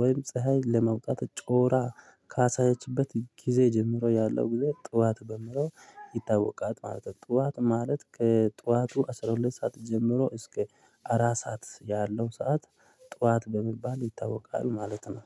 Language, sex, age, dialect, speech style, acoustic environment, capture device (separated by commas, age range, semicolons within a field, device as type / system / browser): Amharic, male, 25-34, Ethiopia - Addis Ababa, happy, people talking; traffic; music; nature; construction, mobile / Linux / Chrome